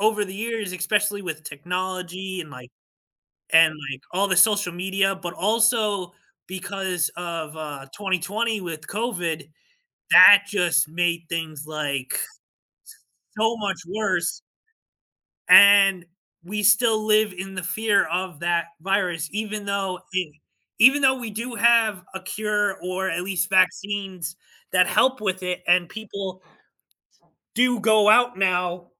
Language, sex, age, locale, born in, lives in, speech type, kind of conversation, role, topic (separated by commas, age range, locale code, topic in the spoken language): English, male, 30-34, en-US, United States, United States, conversation, unstructured, none, How do shared interests help build stronger friendships?